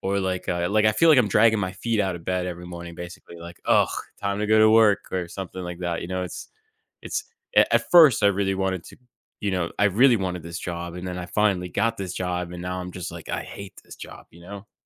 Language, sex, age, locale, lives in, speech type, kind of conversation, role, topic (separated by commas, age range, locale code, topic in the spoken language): English, male, 30-34, en-US, United States, conversation, advice, user, How can I recharge and recover from burnout?